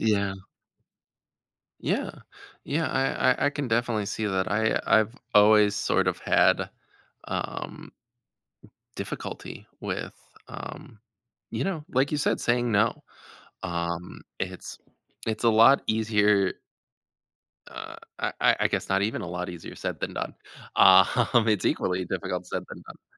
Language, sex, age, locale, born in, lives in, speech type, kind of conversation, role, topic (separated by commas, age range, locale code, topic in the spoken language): English, male, 30-34, en-US, United States, United States, conversation, advice, user, How can I set boundaries with others?